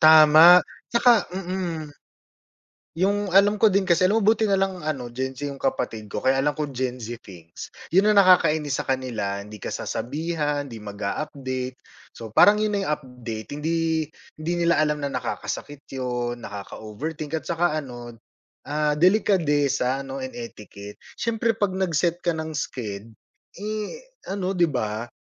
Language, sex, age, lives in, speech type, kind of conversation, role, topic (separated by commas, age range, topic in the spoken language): Filipino, male, 35-39, Philippines, conversation, advice, user, Paano ko pamamahalaan ang emosyon at mga inaasahan ko sa bagong relasyon?